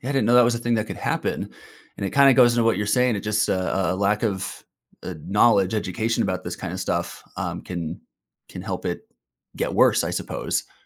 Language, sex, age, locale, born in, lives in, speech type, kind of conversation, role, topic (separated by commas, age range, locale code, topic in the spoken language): English, male, 30-34, en-US, United States, United States, conversation, podcast, host, How do healthy forests contribute to a better world for everyone?